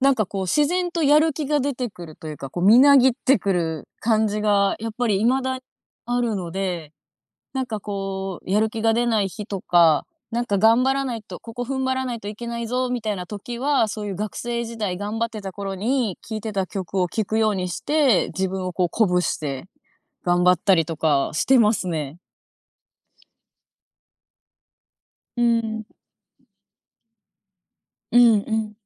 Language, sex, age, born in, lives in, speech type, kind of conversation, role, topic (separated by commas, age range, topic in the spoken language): Japanese, female, 25-29, Japan, Japan, conversation, podcast, guest, 音楽は気分にどんな影響を与えると感じますか？